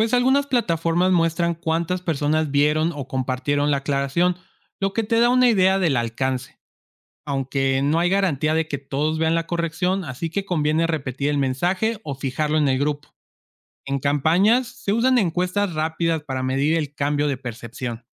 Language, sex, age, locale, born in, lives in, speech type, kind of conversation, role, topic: Spanish, male, 25-29, es-MX, Mexico, Mexico, read, expert-assistant, expert, ¿Cómo puedo distinguir entre fuentes confiables y no confiables cuando busco información para un programa de radio?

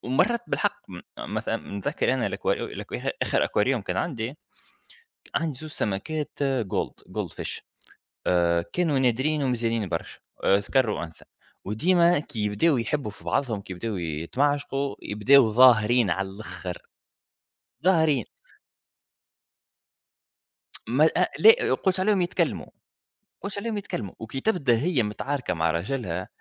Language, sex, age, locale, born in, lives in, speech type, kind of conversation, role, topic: Arabic, male, 30-34, ar-TN, Tunisia, United States, conversation, unstructured, none, إزاي الحيوانات الأليفة بتأثر على المزاج العام للإنسان؟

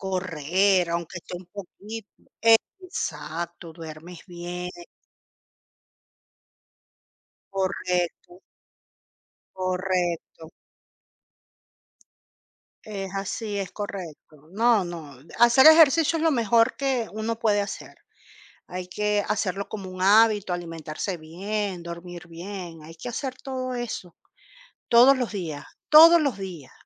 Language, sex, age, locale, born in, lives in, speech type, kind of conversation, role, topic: Spanish, female, 45-49, es-CL, Venezuela, Portugal, conversation, unstructured, none, ¿Cómo te sientes cuando alguien cercano tiene problemas de salud por falta de ejercicio?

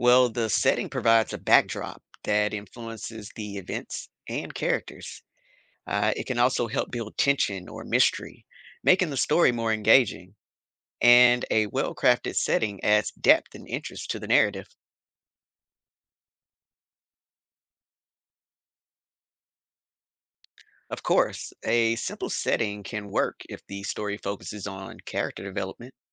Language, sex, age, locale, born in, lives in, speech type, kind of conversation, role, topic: English, male, 35-39, en-US, United States, United States, read, expert-assistant, expert, What makes a good story captivating?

